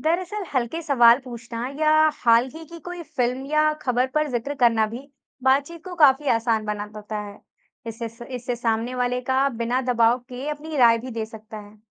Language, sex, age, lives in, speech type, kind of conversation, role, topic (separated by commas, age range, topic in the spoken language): Hindi, female, 20-24, India, read, expert-assistant, expert, पुरानी दोस्तियों को दोबारा जीवित करने और मजबूत करने के लिए कौन से व्यावहारिक कदम उठाए जा सकते हैं?